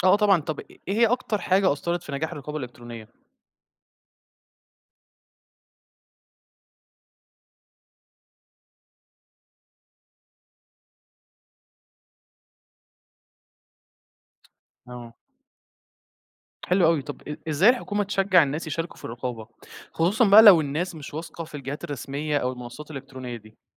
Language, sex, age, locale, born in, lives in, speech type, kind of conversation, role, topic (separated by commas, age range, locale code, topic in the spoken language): Arabic, male, 20-24, ar-EG, Egypt, Egypt, read, expert-assistant, asker, هل فعلاً المعونات الأجنبية بتساعد الدول الفقيرة تطور اقتصادها، ولا في مبالغات حوالين تأثيرها الحقيقي؟